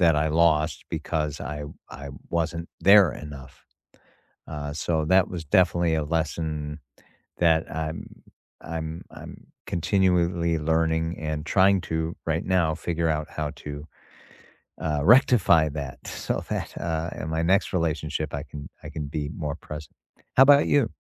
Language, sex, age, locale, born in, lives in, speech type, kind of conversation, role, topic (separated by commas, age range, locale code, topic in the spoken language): English, male, 55-59, en-US, United States, United States, conversation, unstructured, none, What’s a lesson you learned the hard way but still value?